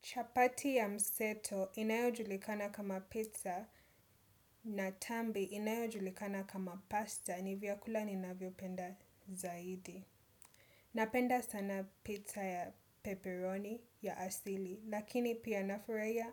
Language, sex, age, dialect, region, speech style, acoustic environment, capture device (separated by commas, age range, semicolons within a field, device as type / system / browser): Swahili, female, 25-34, Kenya - Mombasa, Kenya, happy; relaxed; excited; nervous, people talking; nature; appliances, desktop / Windows / Chrome